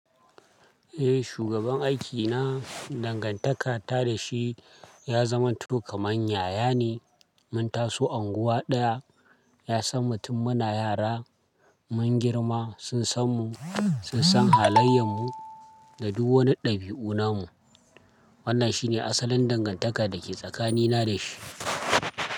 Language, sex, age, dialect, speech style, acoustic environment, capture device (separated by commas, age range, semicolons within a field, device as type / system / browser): Hausa, male, 25-34, Nigeria - Kano, happy, people talking, desktop / Linux / Chrome